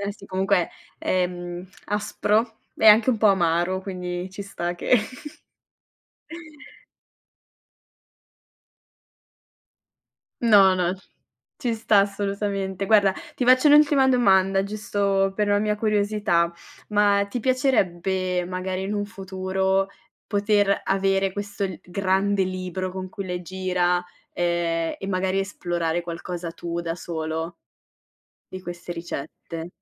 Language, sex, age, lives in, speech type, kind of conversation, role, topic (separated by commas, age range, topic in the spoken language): Italian, female, 25-29, Italy, conversation, podcast, host, Qual è una tradizione culinaria della tua famiglia e che storia c’è dietro?